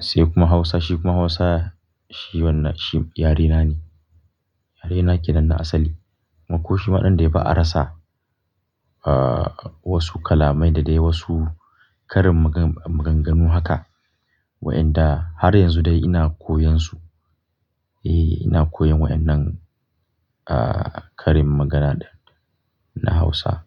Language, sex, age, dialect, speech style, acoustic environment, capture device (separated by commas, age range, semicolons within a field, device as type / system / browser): Hausa, male, 25-34, Nigeria - Kano, happy; relaxed; focused, nature; silence, mobile / Linux / Chrome